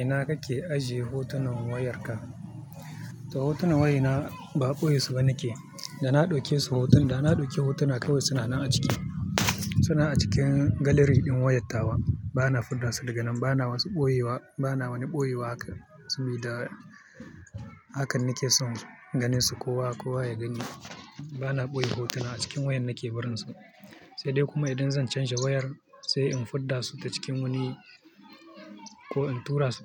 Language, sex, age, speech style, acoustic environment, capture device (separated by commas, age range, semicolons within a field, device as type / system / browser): Hausa, male, 25-34, focused, people talking; traffic; music; nature, mobile / Linux / Chrome